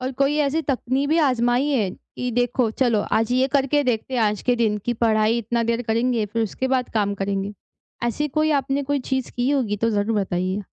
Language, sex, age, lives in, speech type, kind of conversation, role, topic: Hindi, female, 20-24, India, conversation, advice, advisor, मैं कैसे तय करूँ कि मेरे लिए सबसे ज़रूरी क्या है?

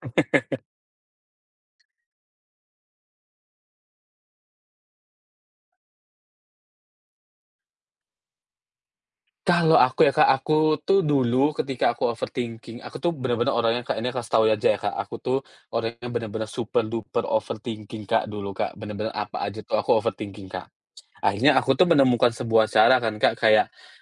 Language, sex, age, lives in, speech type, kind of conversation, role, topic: Indonesian, male, 30-34, Indonesia, conversation, podcast, guest, Apa tips sederhana untuk mengatasi overthinking yang sering muncul?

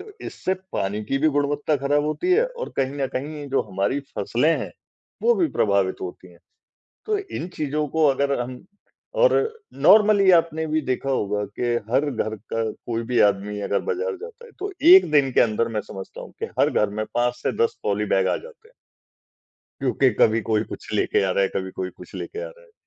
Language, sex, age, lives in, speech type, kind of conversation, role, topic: Hindi, male, 55-59, India, conversation, unstructured, none, क्या आप मानते हैं कि प्लास्टिक कचरा हमारी धरती के लिए एक बड़ा खतरा है?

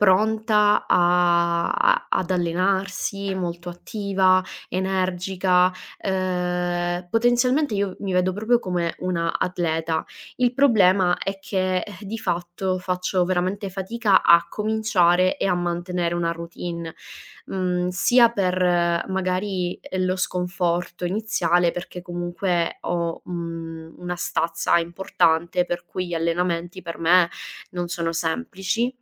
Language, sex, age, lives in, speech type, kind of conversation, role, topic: Italian, female, 25-29, Italy, conversation, advice, user, Perché faccio fatica a mantenere una routine di allenamento anche se vorrei essere più attivo?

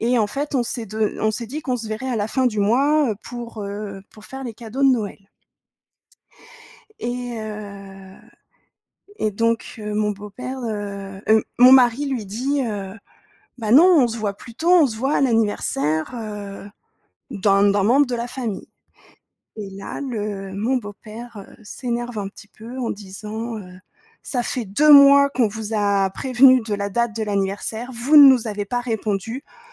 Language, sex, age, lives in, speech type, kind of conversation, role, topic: French, female, 30-34, France, conversation, advice, user, Comment puis-je réparer la confiance après avoir blessé quelqu’un et présenter des excuses sincères ?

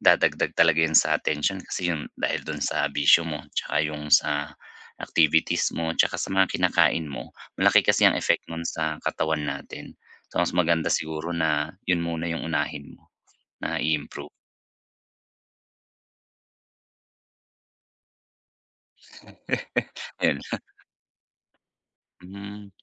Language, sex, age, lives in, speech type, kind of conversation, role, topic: Filipino, male, 35-39, Philippines, conversation, advice, advisor, Paano ko mababawasan ang tensyon na dulot ng araw-araw na stress?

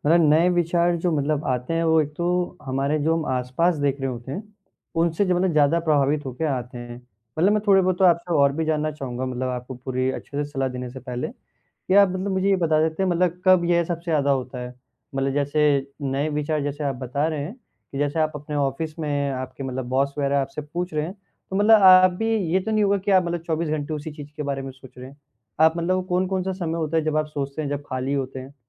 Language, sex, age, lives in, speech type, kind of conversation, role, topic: Hindi, male, 18-19, India, conversation, advice, advisor, बार-बार नए विचार न आ पाने की परेशानी को कैसे दूर किया जा सकता है?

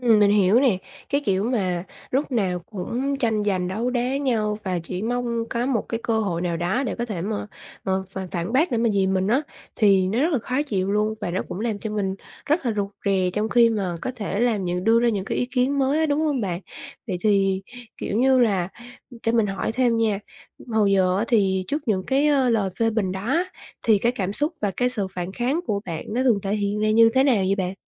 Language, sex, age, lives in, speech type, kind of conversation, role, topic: Vietnamese, female, 20-24, Vietnam, conversation, advice, advisor, Làm sao để tách lời phê bình khỏi giá trị bản thân?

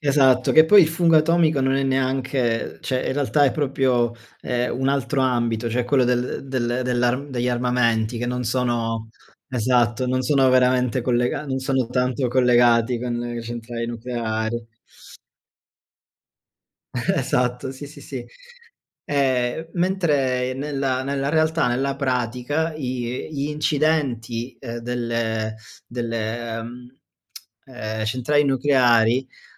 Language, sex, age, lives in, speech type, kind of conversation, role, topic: Italian, male, 30-34, Germany, conversation, unstructured, none, Quali piccoli gesti possiamo fare ogni giorno per proteggere l’ambiente?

